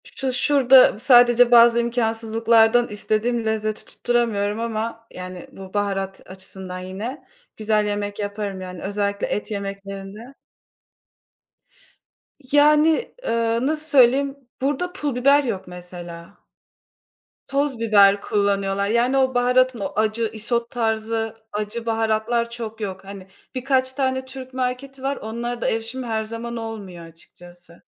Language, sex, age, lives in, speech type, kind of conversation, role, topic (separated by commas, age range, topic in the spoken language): Turkish, female, 25-29, Poland, conversation, unstructured, none, Arkadaşlarınla yemek paylaşmak senin için ne ifade ediyor?